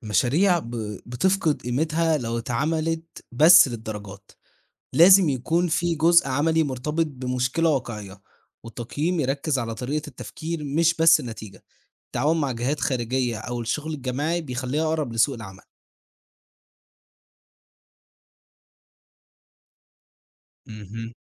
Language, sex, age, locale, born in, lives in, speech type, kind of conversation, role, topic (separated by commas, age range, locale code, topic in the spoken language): Arabic, male, 18-19, ar-EG, Egypt, Egypt, read, expert-assistant, expert, مناهج التعليم القائم على المشروعات ولا المناهج المعتمدة على الحفظ؟ مين فيهم بيساعد الطلبة أكتر في سوق الشغل؟